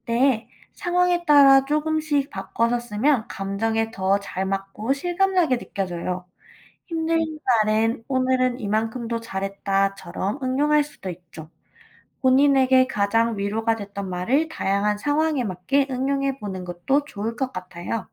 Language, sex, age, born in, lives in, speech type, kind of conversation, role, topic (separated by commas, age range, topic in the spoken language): Korean, female, 25-29, South Korea, United States, read, expert-assistant, expert, 목표를 세울 때, 나에게 진짜 잘 맞는 방법을 어떻게 찾을 수 있을까요?